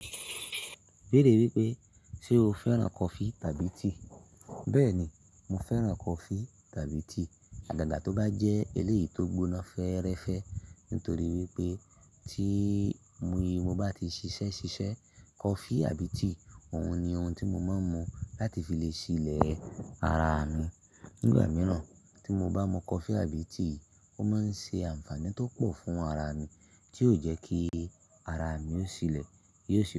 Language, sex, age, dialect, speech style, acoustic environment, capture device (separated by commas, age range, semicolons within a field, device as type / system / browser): Yoruba, male, 25-34, Nigeria - Ibadan, excited; relaxed; happy, silence; nature, mobile / Linux / Chrome